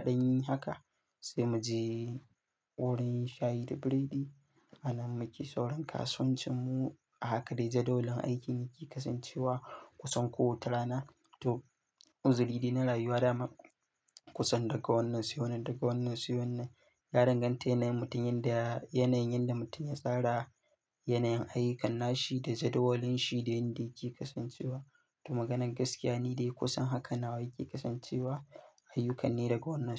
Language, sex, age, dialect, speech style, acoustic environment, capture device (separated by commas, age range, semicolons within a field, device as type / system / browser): Hausa, male, 18-24, Nigeria - Katsina, happy; focused; stressed; excited; nervous; relaxed; tired; anxious, silence; construction; traffic; nature; music; people talking; appliances, mobile / Linux / Chrome